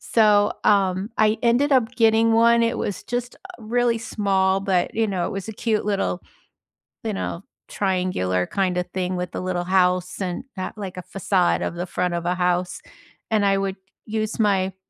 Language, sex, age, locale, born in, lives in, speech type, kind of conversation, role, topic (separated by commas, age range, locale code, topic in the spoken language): English, female, 65-69, en-US, United States, United States, conversation, unstructured, none, What was the first movie that made you fall in love with going to the theater, and what made that experience unforgettable?